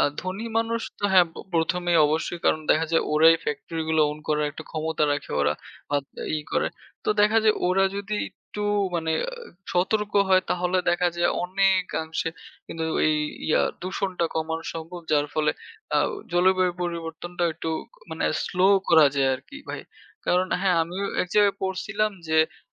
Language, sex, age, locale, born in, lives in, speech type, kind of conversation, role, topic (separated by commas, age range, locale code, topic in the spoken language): Bengali, male, 20-24, bn-BD, Bangladesh, Bangladesh, conversation, unstructured, none, জলবায়ু পরিবর্তন আমাদের দৈনন্দিন জীবনে কী ধরনের পরিবর্তন নিয়ে আসে?